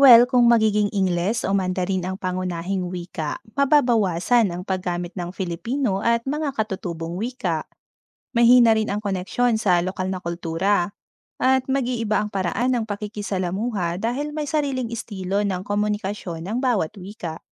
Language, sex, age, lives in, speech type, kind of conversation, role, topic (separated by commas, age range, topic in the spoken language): Filipino, female, 30-34, Philippines, read, expert-assistant, expert, Paano kung biglang nagpalit ng pangunahing wika ang Pilipinas—halimbawa, naging Ingles o Mandarin? Anong mangyayari sa ating kultura, pagkakakilanlan, at komunikasyon sa ibang bansa?